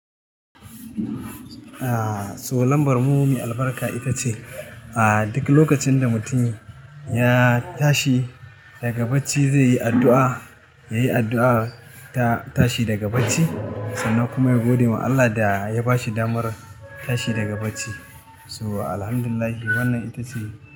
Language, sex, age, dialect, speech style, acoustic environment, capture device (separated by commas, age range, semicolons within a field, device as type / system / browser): Hausa, male, 35-44, Nigeria - Katsina, happy, nature, mobile / Linux / Chrome